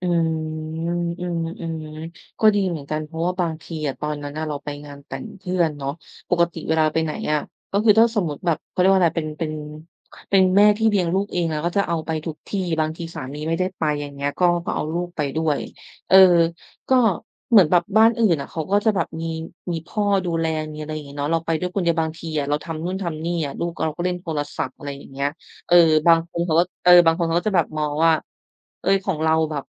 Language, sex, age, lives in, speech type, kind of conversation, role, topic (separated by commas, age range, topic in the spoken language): Thai, female, 30-34, Thailand, conversation, unstructured, none, ทำไมบางคนถึงโกรธเมื่อมีคนบอกให้หยุดเล่นโทรศัพท์?